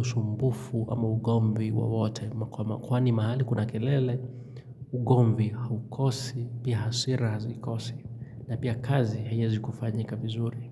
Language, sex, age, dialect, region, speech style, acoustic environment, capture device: Swahili, male, 25-34, Kenya - Nairobi Sheng-influenced, Kenya, relaxed, silence, mobile / Linux / Chrome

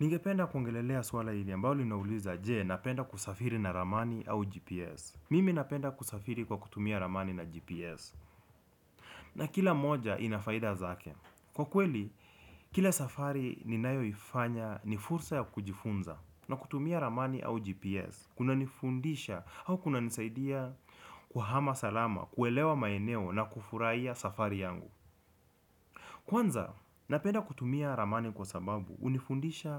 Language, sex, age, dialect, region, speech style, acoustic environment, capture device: Swahili, male, 25-34, Kenya - Nairobi Sheng-influenced, Kenya, relaxed; focused, people talking; music; nature; traffic; appliances, desktop / Windows / Chrome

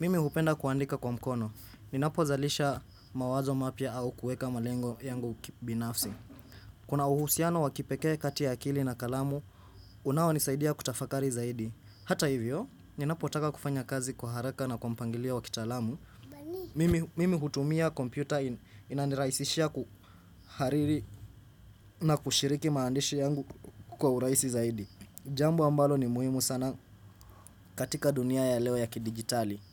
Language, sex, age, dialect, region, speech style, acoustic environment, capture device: Swahili, male, 18-24, Kenya - Nairobi Sheng-influenced, Kenya, focused, silence, desktop / Windows / Chrome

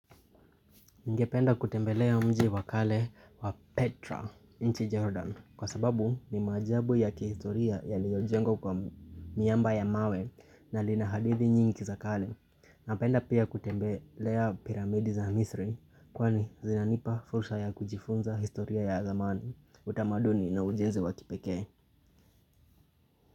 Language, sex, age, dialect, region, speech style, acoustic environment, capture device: Swahili, male, 25-34, Kenya - Nairobi Sheng-influenced, Kenya, happy, nature, mobile / Linux / Chrome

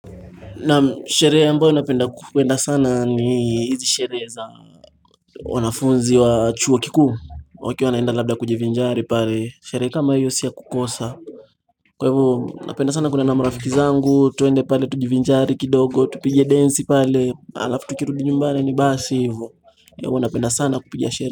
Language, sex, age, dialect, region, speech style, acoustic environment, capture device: Swahili, male, 18-24, Kenya - Mombasa, Kenya, happy; anxious, music; appliances; people talking, mobile / Linux / Chrome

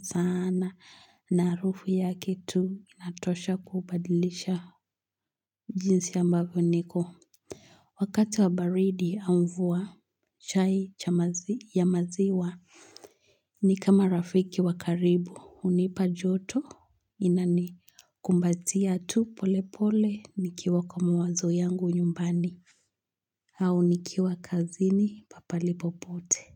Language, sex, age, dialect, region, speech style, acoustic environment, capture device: Swahili, female, 18-24, Kenya - Nairobi Sheng-influenced, Kenya, happy; excited; focused, silence, mobile / Linux / Chrome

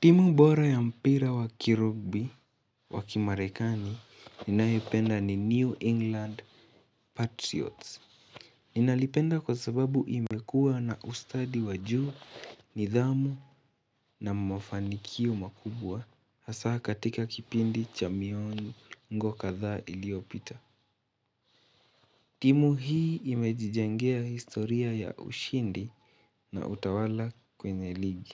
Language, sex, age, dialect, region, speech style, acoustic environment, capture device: Swahili, male, 18-24, Kenya - Nairobi Sheng-influenced, Kenya, excited, nature, mobile / Linux / Chrome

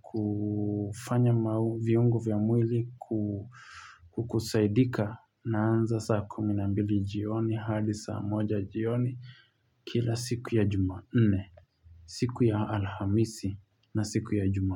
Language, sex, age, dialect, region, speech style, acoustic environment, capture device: Swahili, male, 25-34, Kenya - Nairobi Sheng-influenced, Kenya, happy, nature, mobile / Linux / Chrome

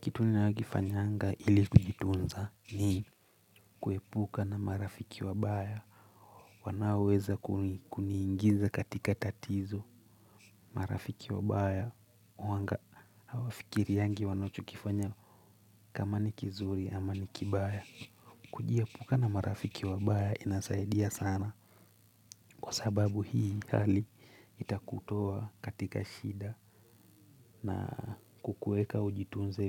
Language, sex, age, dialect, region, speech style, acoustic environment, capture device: Swahili, male, 25-34, Kenya - Nairobi Sheng-influenced, Kenya, focused; relaxed, silence, mobile / Linux / Chrome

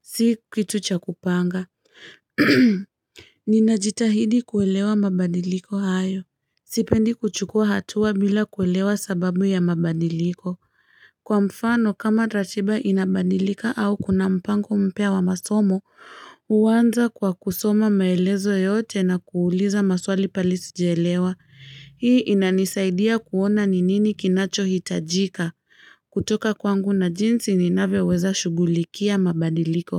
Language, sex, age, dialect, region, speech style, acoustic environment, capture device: Swahili, female, 25-34, Kenya - Nairobi Sheng-influenced, Kenya, happy; excited, people talking; traffic; nature, mobile / Linux / Chrome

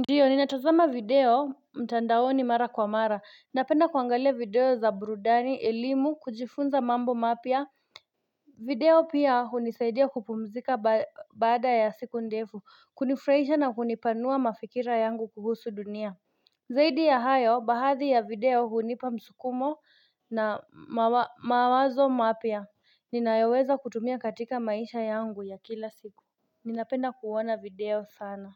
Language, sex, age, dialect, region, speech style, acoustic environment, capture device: Swahili, female, 25-34, Kenya - Nairobi Sheng-influenced, Kenya, excited, nature, mobile / Linux / Chrome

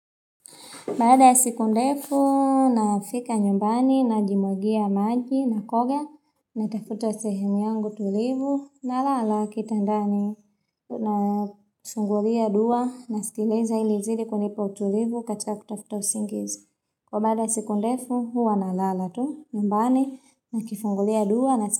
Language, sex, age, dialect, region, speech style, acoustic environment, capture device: Swahili, female, 25-34, Tanzania - Dar es Salaam, Tanzania, happy, silence, mobile / Linux / Chrome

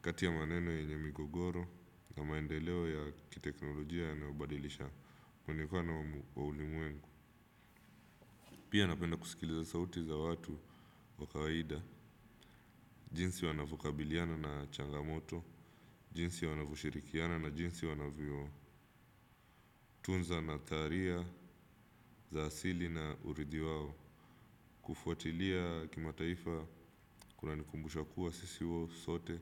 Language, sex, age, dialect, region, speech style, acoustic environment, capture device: Swahili, male, 25-34, Kenya - Mombasa, Kenya, focused; anxious; relaxed; excited, silence; appliances, desktop / Windows / Chrome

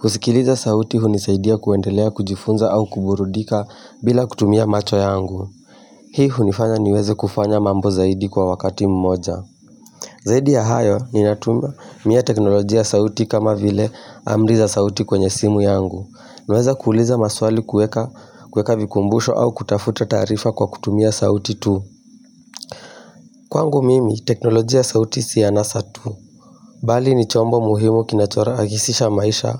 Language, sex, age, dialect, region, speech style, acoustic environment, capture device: Swahili, male, 18-24, Kenya - Mombasa, Kenya, excited, silence, mobile / Linux / Chrome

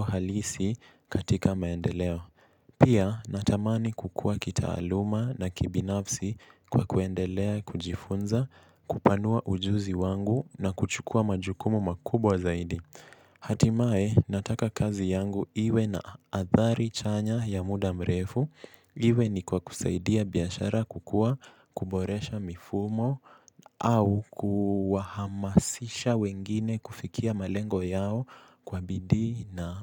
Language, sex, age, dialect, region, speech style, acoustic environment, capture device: Swahili, male, 18-24, Kenya - Mombasa, Kenya, relaxed; focused, silence; appliances, mobile / Linux / Chrome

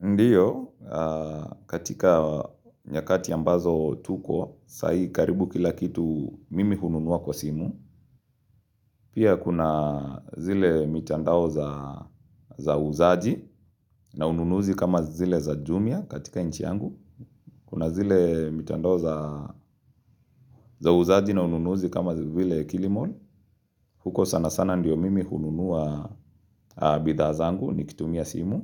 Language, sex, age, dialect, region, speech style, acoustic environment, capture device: Swahili, male, 25-34, Kenya - Nairobi Sheng-influenced, Kenya, relaxed; happy; excited; focused, nature; silence; appliances, mobile / Linux / Chrome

